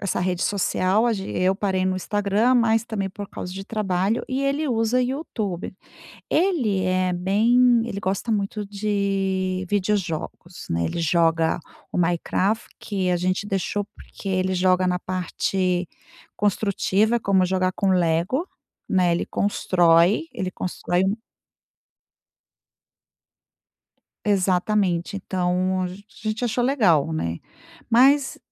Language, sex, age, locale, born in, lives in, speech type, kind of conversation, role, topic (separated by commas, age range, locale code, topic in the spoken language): Portuguese, female, 50-54, pt-BR, Brazil, Spain, conversation, advice, user, Como posso lidar com diferenças na rotina e na definição de limites com meu filho?